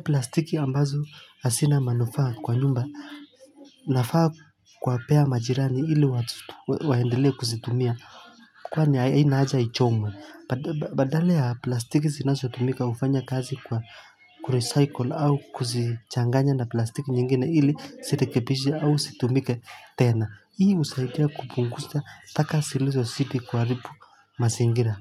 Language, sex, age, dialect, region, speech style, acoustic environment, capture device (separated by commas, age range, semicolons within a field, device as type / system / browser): Swahili, male, 25-34, Kenya - Mombasa, Kenya, relaxed, silence, mobile / Linux / Chrome